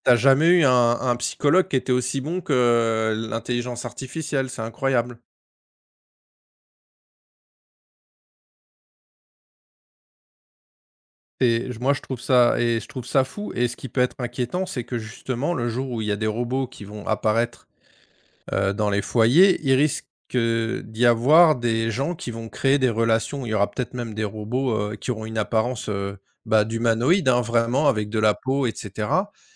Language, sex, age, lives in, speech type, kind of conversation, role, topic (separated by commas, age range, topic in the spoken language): French, male, 45-49, France, conversation, unstructured, none, Quelle invention aimerais-tu voir apparaître dans le futur ?